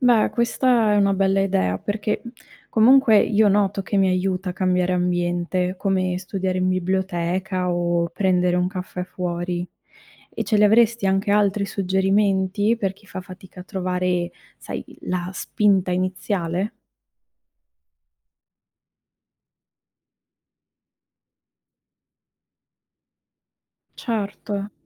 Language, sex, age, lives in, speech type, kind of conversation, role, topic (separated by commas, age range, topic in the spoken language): Italian, female, 25-29, Italy, read, expert-assistant, asker, È vero che le grandi città favoriscono l’anonimato tra le persone?